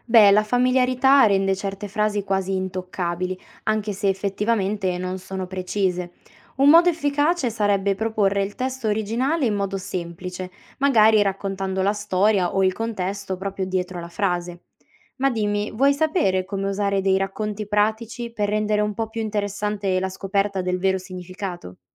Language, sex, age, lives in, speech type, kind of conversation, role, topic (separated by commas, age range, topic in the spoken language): Italian, female, 20-24, Italy, read, expert-assistant, expert, Se qualcuno cita una frase famosa da un testo sacro, come facciamo a sapere se è davvero scritta lì?